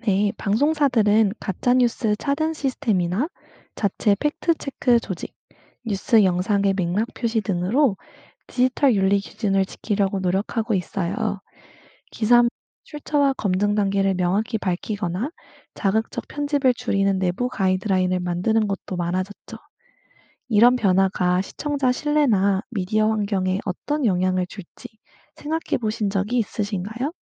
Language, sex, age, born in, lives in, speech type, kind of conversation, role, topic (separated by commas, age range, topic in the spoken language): Korean, female, 25-29, South Korea, United States, read, expert-assistant, expert, 공영방송과 민영방송의 차이점과 각각의 운영 방식은 어떻게 다른가요?